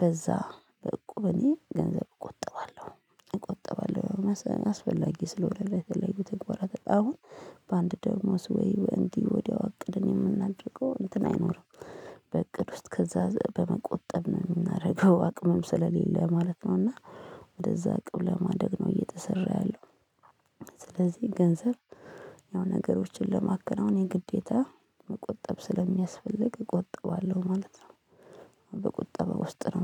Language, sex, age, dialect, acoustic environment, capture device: Amharic, female, 25-34, Ethiopia - Addis Ababa, silence, mobile / Linux / Chrome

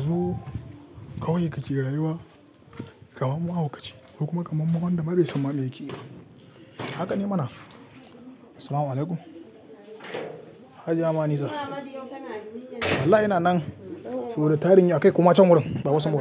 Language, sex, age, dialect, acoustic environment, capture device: Hausa, male, 18-24, Nigeria - Katsina, people talking, desktop / Linux / Chrome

